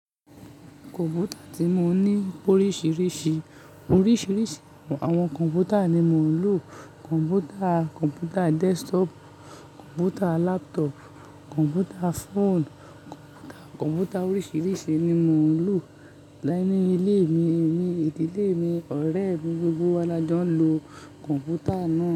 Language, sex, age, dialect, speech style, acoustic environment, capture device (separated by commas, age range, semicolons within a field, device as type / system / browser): Yoruba, male, 18-24, Nigeria - Lagos Yoruba, happy; relaxed; focused, nature; silence, mobile / Linux / Chrome